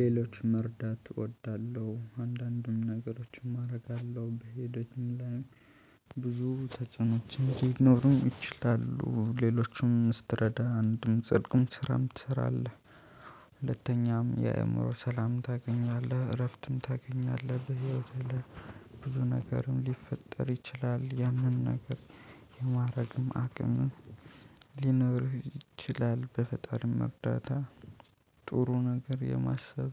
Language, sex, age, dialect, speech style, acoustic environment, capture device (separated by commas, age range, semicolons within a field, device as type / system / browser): Amharic, male, 25-34, Ethiopia - Addis Ababa, happy; focused, people talking; nature, mobile / Linux / Chrome